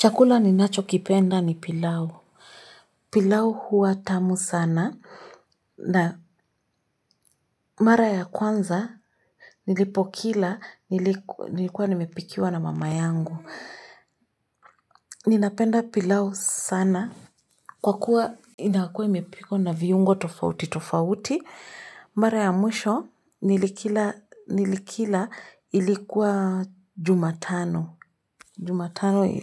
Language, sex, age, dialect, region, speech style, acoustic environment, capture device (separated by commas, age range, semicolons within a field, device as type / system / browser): Swahili, female, 25-34, Kenya - Nairobi Sheng-influenced, Kenya, relaxed, silence, mobile / Linux / Chrome